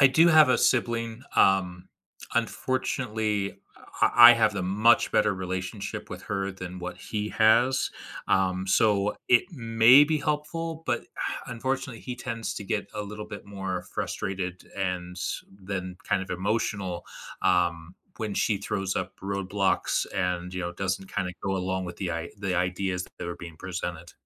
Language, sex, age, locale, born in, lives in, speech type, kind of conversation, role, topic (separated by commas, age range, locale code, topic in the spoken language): English, male, 35-39, en-US, United States, United States, conversation, advice, user, How can I start a sensitive conversation with a friend or family member without making them defensive?